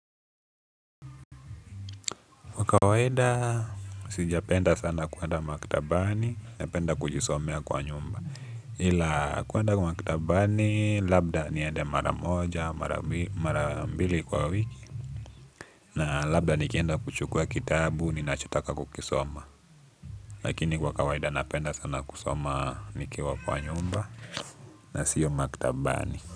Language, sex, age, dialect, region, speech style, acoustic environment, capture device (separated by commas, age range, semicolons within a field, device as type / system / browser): Swahili, male, 18-24, Kenya - Nairobi Sheng-influenced, Kenya, happy; relaxed, nature; music, desktop / Linux / Chrome